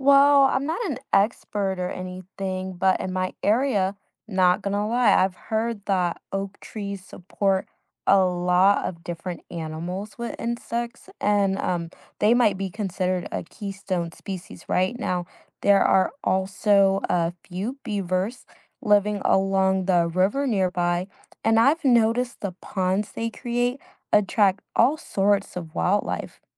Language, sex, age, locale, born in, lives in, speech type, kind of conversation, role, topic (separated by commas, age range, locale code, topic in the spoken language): English, female, 25-29, en-US, United States, United States, read, expert-assistant, asker, Why do some animals live only in certain habitats?